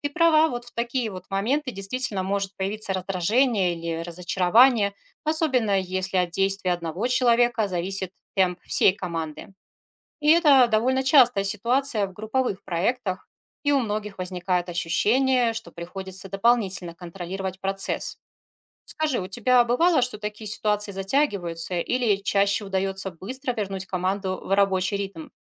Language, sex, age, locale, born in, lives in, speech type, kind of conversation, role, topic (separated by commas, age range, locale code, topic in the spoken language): Russian, female, 40-44, ru-RU, Russia, Spain, read, expert-assistant, expert, Какие приёмы помогают поддерживать мотивацию и вовлечённость команды в долгих проектах?